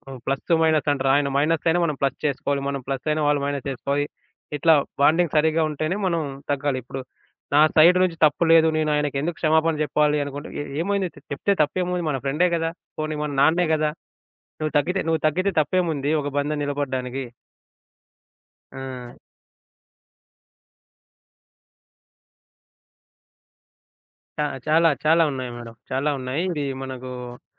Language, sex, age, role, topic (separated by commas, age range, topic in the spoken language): Telugu, male, 25-29, guest, స్నేహితుల మధ్య విశ్వాసం కోల్పోయినప్పుడు దాన్ని మళ్లీ ఎలా తిరిగి పొందాలి?